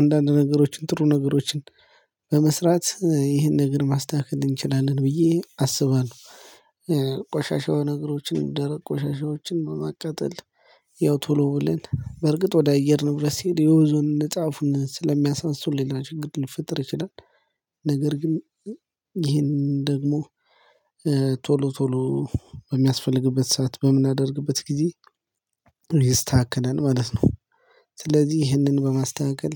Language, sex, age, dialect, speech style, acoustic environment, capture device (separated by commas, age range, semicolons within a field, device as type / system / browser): Amharic, male, 25-34, Ethiopia - Gojjam, happy, silence, mobile / Linux / Chrome